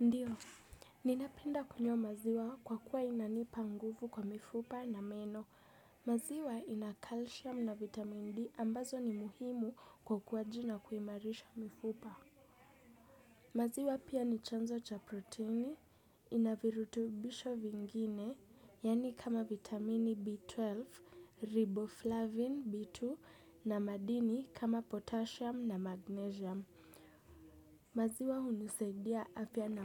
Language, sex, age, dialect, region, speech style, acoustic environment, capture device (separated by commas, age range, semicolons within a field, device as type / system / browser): Swahili, female, 18-24, Kenya - Nairobi Sheng-influenced, Kenya, happy, music; silence, desktop / Windows / Chrome